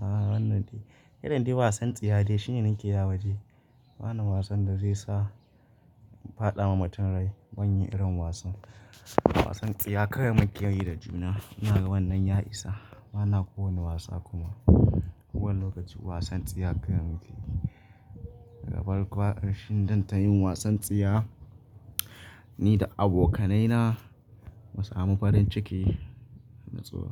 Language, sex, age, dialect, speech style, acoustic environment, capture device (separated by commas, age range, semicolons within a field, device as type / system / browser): Hausa, male, 25-34, Nigeria - Nigerian Standard, happy; anxious, silence, mobile / Linux / Chrome